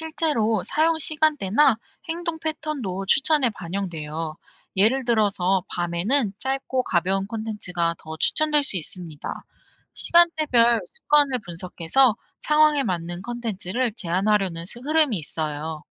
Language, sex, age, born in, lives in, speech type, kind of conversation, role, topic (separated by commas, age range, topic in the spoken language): Korean, female, 25-29, South Korea, South Korea, read, expert-assistant, expert, 디지털 미디어 앱에서 내가 보는 콘텐츠가 왜 이렇게 정해지는지, 정확히 어떤 기준이 있는지 궁금해요. 혹시 잘 알려지지 않은 부분이 있나요?